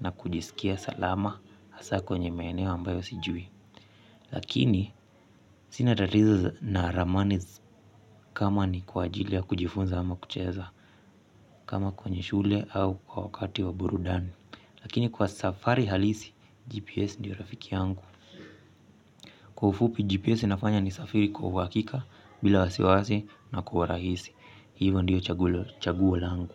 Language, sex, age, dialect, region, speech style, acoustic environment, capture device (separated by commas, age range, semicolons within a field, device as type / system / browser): Swahili, male, 25-34, Kenya - Mombasa, Kenya, relaxed, silence, mobile / Linux / Chrome